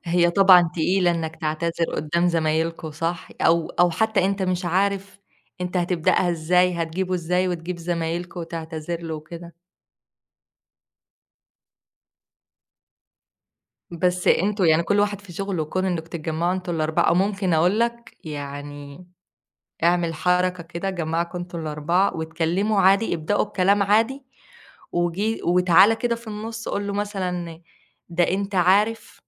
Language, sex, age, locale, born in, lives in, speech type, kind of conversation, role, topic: Arabic, female, 25-29, ar-EG, Egypt, Egypt, conversation, advice, advisor, إزاي أعتذر لصاحبي بعد خناقة جامدة، ولا أوضح له موقفي الأول؟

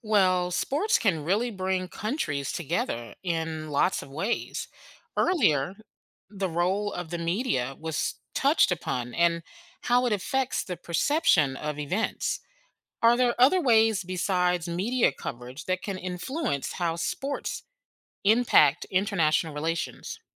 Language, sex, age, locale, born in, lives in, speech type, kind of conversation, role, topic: English, female, 45-49, en-US, United States, United States, read, expert-assistant, asker, How do sports influence international relations?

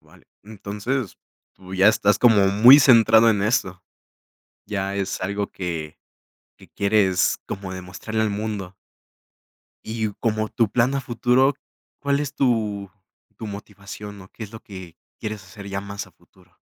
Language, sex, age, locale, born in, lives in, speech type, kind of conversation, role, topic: Spanish, male, 20-24, es-MX, Mexico, Mexico, conversation, podcast, host, ¿Cómo te mantienes motivado cuando un pasatiempo nuevo se pone difícil?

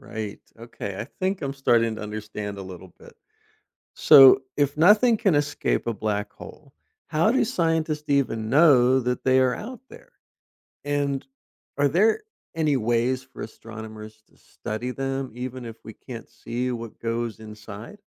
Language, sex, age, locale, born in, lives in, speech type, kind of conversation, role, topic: English, male, 50-54, en-US, United States, United States, read, expert-assistant, asker, What is a black hole and how does it form?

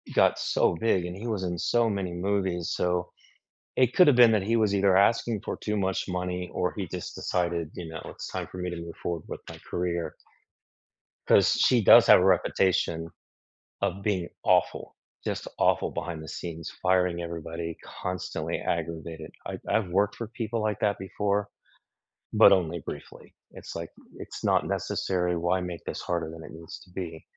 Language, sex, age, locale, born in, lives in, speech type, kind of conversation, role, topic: English, male, 55-59, en-US, United States, United States, conversation, unstructured, none, Which guilty-pleasure TV shows do you secretly love, and why are they so comforting?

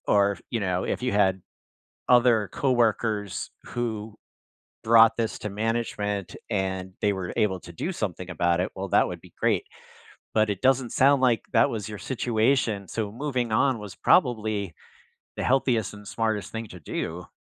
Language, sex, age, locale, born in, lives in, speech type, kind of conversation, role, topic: English, male, 60-64, en-US, United States, United States, conversation, advice, advisor, How can I address feeling unappreciated and get more recognition at work?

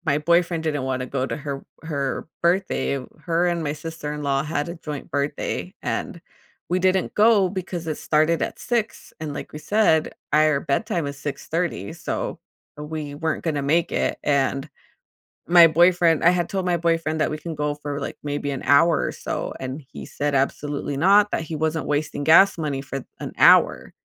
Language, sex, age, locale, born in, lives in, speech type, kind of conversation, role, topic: English, female, 35-39, en-US, United States, United States, conversation, advice, user, How can I prepare to feel less anxious before an event?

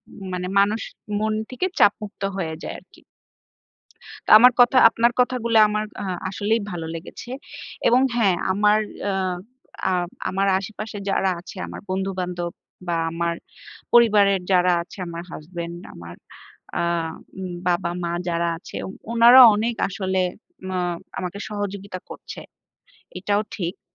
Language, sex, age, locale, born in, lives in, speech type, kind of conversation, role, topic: Bengali, female, 30-34, bn-BD, Bangladesh, Bangladesh, conversation, advice, user, একটি স্বাস্থ্যসংকট কীভাবে আপনাকে জীবনের প্রাধান্য ও অর্থ নতুন করে ভাবতে বাধ্য করেছে?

